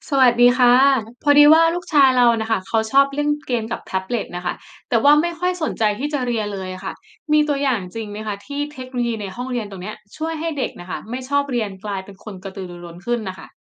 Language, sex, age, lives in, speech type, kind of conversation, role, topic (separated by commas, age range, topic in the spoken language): Thai, female, 25-29, Thailand, read, expert-assistant, asker, มีไหมที่เทคโนโลยีใหม่ๆ ในห้องเรียนทำให้เด็กที่ไม่ชอบเรียนกลายเป็นคนกระตือรือร้น? อยากได้ตัวอย่างที่เห็นได้จริง